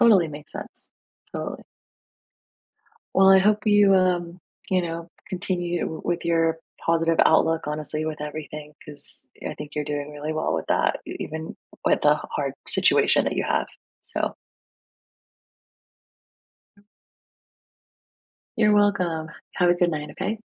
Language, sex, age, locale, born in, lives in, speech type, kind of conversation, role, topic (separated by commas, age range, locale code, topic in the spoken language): English, female, 30-34, en-US, United States, United States, conversation, advice, advisor, How do I resolve a misunderstanding with my close friend?